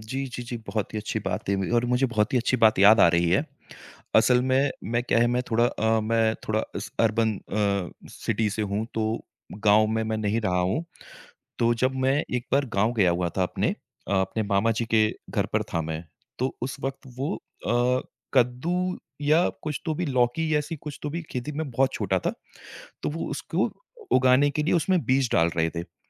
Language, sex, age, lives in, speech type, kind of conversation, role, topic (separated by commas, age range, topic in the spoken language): Hindi, male, 30-34, India, conversation, podcast, guest, प्रकृति के चक्रों ने आपकी सोच को कैसे बदला है?